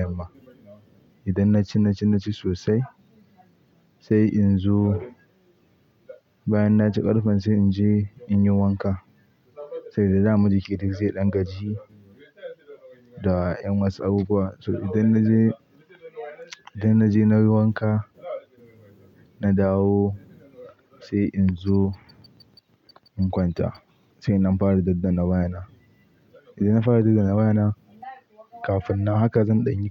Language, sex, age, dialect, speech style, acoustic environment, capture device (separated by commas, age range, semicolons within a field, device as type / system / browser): Hausa, male, 18-24, Nigeria - Pidgin-influenced, relaxed; happy, people talking, mobile / Linux / Chrome